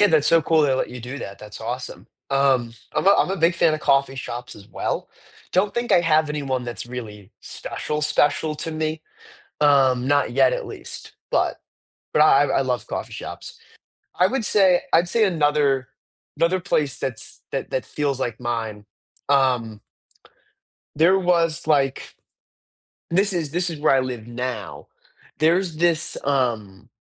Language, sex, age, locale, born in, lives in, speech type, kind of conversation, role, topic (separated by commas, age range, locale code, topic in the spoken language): English, male, 18-19, en-US, United States, United States, conversation, unstructured, none, Which local spot feels most like yours, and what story makes it your favorite?